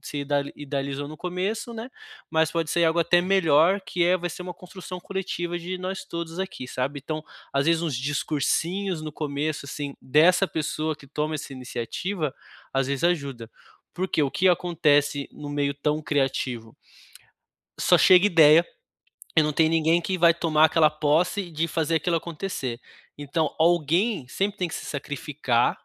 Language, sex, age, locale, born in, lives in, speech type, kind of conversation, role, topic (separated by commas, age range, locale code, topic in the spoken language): Portuguese, male, 30-34, pt-BR, Brazil, Germany, conversation, advice, advisor, Como podemos melhorar a comunicação na nossa equipe criativa quando todos ficam travados?